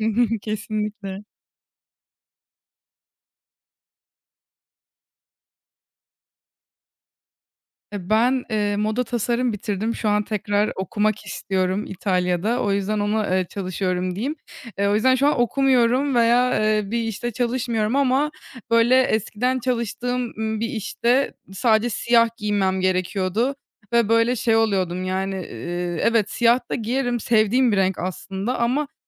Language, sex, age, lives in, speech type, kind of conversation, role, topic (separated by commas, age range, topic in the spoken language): Turkish, female, 20-24, Germany, conversation, podcast, guest, Kişisel stilin zaman içinde nasıl değişti, örnek verebilir misin?